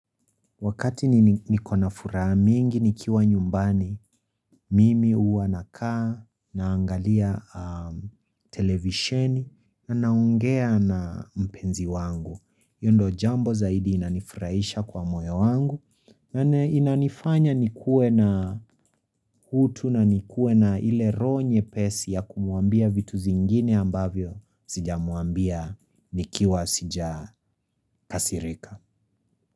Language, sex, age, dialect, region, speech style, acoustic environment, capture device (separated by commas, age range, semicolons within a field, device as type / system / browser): Swahili, female, 25-34, Kenya - Nairobi Sheng-influenced, Kenya, happy; relaxed; focused, silence, mobile / Linux / Chrome